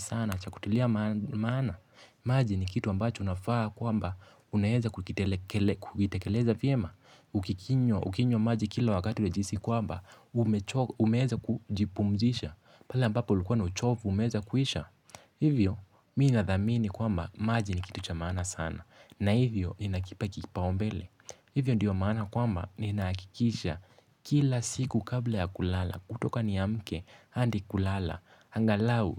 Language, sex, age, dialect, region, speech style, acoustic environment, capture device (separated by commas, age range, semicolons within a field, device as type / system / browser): Swahili, male, 18-24, Kenya - Mombasa, Kenya, relaxed; focused, silence; nature, mobile / Linux / Chrome